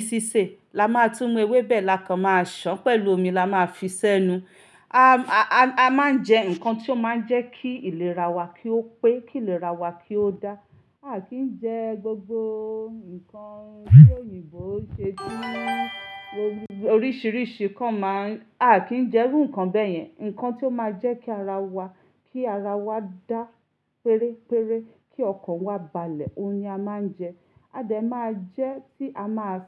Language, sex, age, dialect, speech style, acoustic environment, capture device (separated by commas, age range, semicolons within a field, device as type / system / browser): Yoruba, female, 35-44, Nigeria - Ibadan, relaxed; focused, silence, mobile / Linux / Chrome